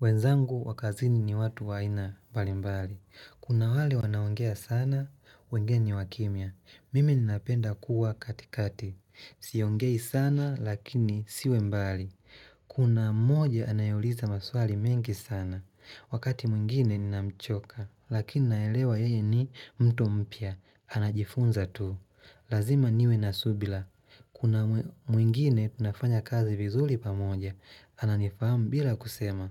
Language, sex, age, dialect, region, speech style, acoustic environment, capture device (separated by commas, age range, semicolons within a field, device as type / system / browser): Swahili, male, 25-34, Tanzania - Dar es Salaam, Tanzania, happy; relaxed, nature; silence; people talking; appliances, mobile / Linux / Chrome